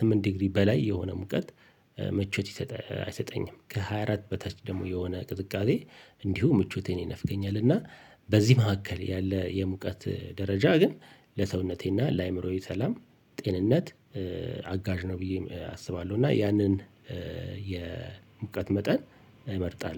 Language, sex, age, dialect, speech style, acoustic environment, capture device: Amharic, male, 45-54, Ethiopia - Addis Ababa, relaxed, traffic, mobile / Linux / Chrome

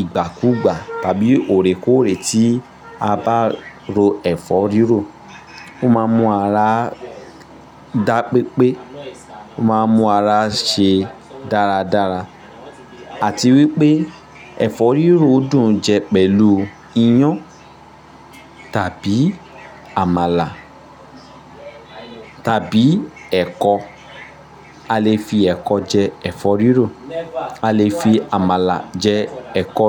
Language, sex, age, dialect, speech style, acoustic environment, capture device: Yoruba, male, 25-34, Nigeria - Ibadan, focused; happy; tired, people talking; nature; appliances, mobile / Linux / Chrome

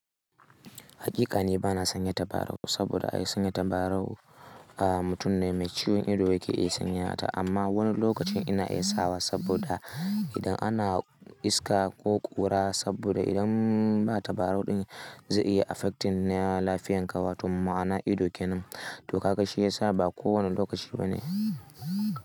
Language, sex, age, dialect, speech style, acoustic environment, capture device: Hausa, male, 18-24, Nigeria - Kano, happy; excited; nervous; anxious; focused; tired, silence, mobile / Linux / Chrome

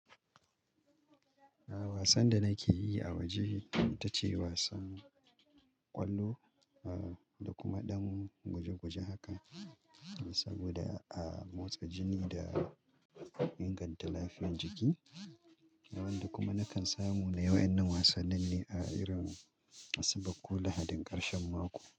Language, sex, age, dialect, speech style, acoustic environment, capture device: Hausa, male, 35-44, Nigeria - Kano, relaxed; happy, silence, mobile / Linux / Chrome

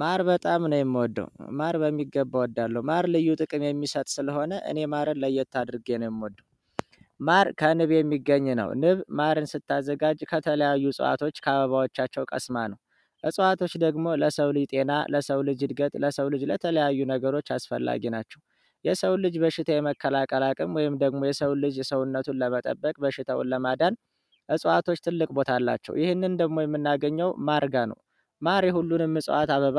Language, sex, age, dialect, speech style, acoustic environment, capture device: Amharic, male, 25-34, Ethiopia - Addis Ababa, happy; relaxed; focused, people talking; music; nature; traffic, mobile / Android / Chrome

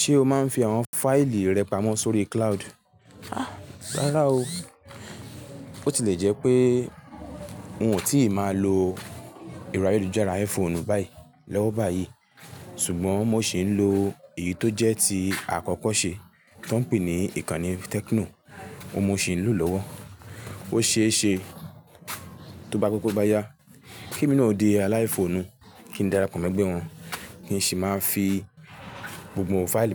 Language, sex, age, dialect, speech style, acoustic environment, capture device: Yoruba, male, 18-24, Nigeria - Lagos Yoruba, happy, silence, mobile / Linux / Chrome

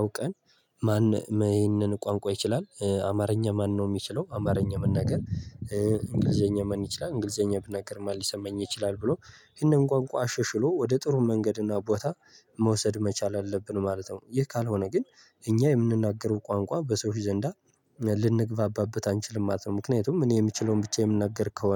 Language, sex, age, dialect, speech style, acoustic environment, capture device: Amharic, male, 18-24, Ethiopia - Gojjam, happy, nature, mobile / Linux / Chrome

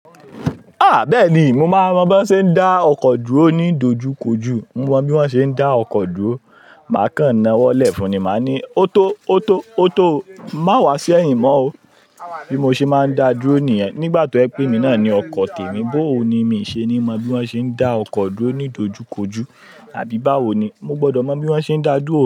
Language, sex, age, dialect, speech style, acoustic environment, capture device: Yoruba, male, 25-34, Nigeria - Lagos Yoruba, happy, people talking, mobile / Linux / Chrome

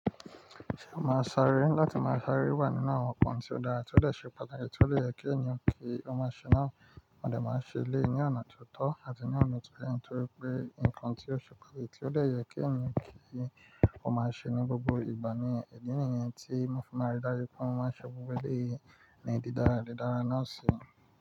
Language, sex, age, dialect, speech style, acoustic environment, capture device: Yoruba, male, 25-34, Nigeria - Nigerian Standard, relaxed, nature, mobile / Linux / Chrome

